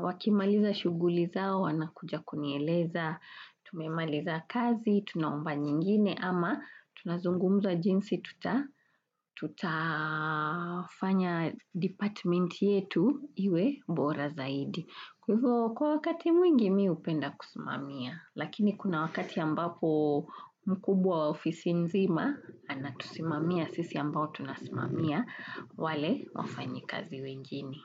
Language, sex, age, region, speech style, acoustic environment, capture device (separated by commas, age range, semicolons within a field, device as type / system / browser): Swahili, female, 35-44, Kenya, relaxed, people talking, desktop / Windows / Chrome